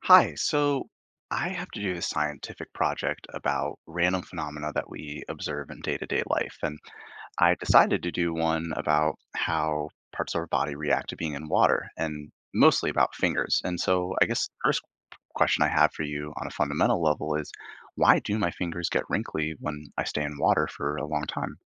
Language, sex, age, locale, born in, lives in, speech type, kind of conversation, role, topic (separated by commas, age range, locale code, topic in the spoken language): English, male, 25-29, en-US, Canada, United States, read, expert-assistant, asker, Why do fingers wrinkle in water?